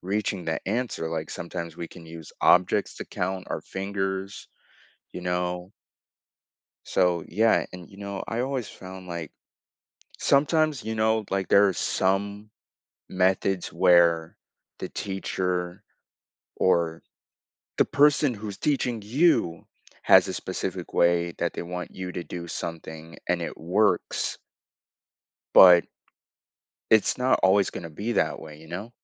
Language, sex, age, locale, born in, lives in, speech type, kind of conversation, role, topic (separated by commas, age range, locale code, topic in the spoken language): English, male, 18-19, en-US, United States, United States, conversation, podcast, host, What helps you keep going when learning gets tough?